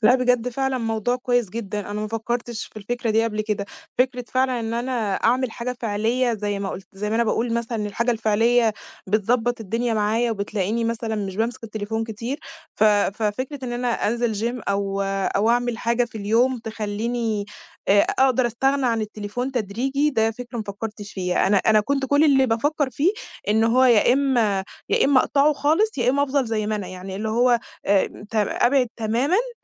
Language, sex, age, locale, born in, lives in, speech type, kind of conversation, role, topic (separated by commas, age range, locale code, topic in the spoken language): Arabic, female, 20-24, ar-EG, Egypt, Egypt, conversation, advice, user, إزاي أقدر أقلّل اعتمادي الزيادة على الشاشات اللي بيسرق وقتي وبيقلّقني؟